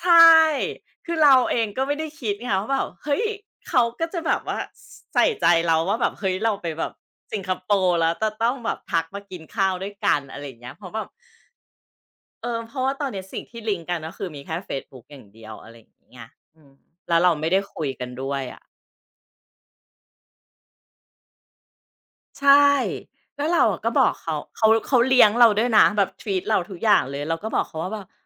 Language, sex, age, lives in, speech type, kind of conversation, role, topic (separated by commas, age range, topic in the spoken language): Thai, female, 40-44, Thailand, conversation, podcast, guest, เล่าเรื่องคนแปลกหน้าที่กลายเป็นเพื่อนระหว่างทางได้ไหม